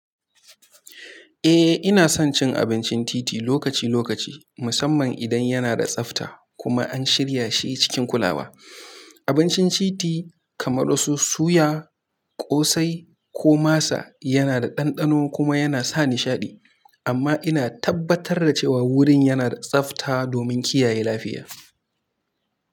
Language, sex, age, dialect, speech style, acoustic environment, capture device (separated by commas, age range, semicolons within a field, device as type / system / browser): Hausa, male, 18-24, Nigeria - Kano, focused, silence, mobile / Linux / Chrome